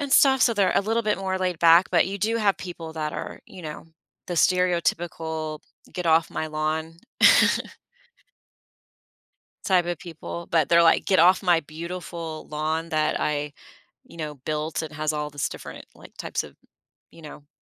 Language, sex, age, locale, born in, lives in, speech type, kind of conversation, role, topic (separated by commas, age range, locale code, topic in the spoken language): English, female, 40-44, en-US, United States, United States, conversation, podcast, guest, How can a diverse community shape the character and opportunities of a neighborhood?